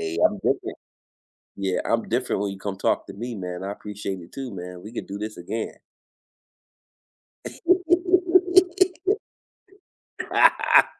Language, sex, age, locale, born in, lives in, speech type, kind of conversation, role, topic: English, male, 45-49, en-US, United States, United States, conversation, unstructured, none, What surprises you most about being in a relationship?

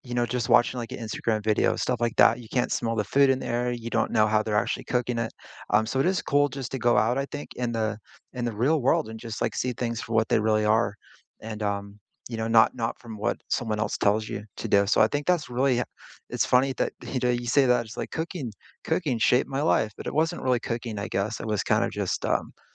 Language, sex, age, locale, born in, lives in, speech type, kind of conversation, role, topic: English, male, 40-44, en-US, United States, United States, conversation, unstructured, none, Which hobbies taught you surprising real-world skills beyond class, and how did they shape your life?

